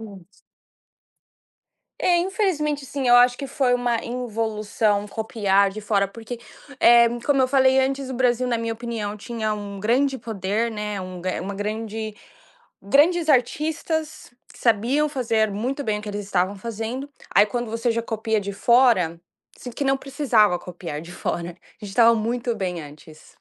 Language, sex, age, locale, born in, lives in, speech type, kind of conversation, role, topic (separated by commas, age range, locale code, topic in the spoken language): Portuguese, female, 20-24, pt-BR, Brazil, United States, conversation, podcast, guest, Como você percebe a evolução das novelas ao longo dos anos?